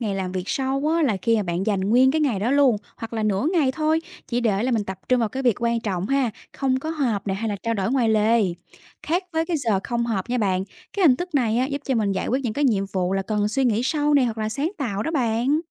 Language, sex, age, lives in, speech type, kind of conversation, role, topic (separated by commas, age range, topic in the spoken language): Vietnamese, female, 30-34, Vietnam, read, expert-assistant, expert, Làm thế nào để quản lý thời gian và tập trung hiệu quả tại công sở?